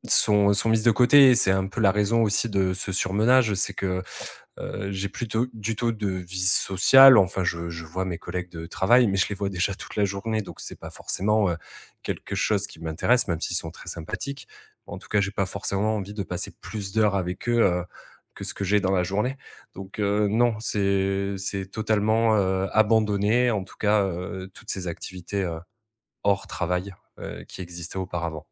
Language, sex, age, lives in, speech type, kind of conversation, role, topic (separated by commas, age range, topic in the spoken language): French, male, 30-34, France, conversation, advice, user, Comment puis-je gérer mon épuisement et mon surmenage liés au rythme de la startup ?